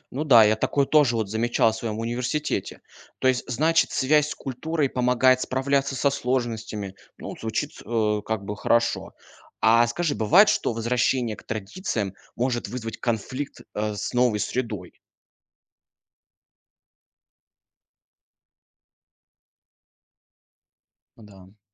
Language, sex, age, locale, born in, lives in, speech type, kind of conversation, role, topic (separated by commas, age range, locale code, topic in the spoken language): Russian, male, 20-24, ru-RU, Russia, Germany, read, expert-assistant, asker, Есть мнение, что путешествия и миграция делают культуры похожими друг на друга. Это правда, или различия всё же сохраняются?